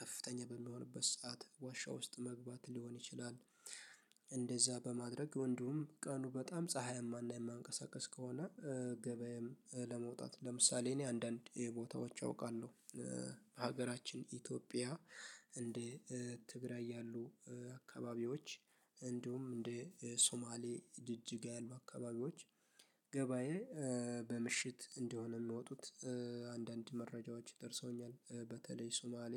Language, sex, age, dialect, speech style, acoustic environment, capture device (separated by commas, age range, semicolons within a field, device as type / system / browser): Amharic, male, 18-24, Ethiopia - Addis Ababa, happy; focused, nature; silence, mobile / Linux / Chrome